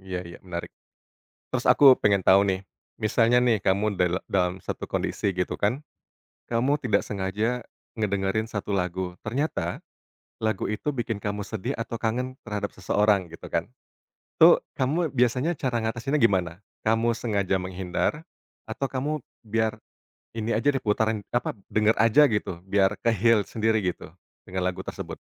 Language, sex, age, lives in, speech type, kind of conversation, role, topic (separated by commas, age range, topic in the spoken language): Indonesian, male, 35-39, Indonesia, conversation, podcast, host, Bagaimana musik tertentu bisa mengingatkan kamu pada orang atau momen spesial?